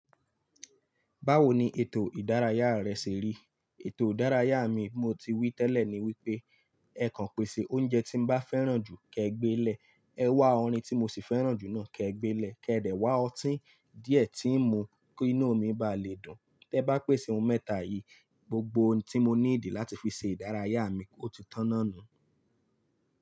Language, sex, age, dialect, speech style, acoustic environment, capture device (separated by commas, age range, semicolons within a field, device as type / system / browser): Yoruba, male, 25-34, Nigeria - Lagos Yoruba, focused, silence; traffic, mobile / Linux / Chrome